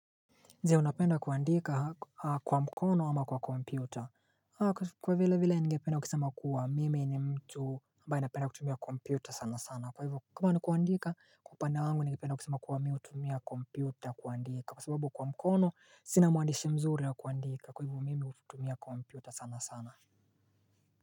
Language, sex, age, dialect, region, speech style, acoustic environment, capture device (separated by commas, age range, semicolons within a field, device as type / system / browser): Swahili, male, 25-34, Kenya - Mombasa, Kenya, relaxed, silence, mobile / Linux / Chrome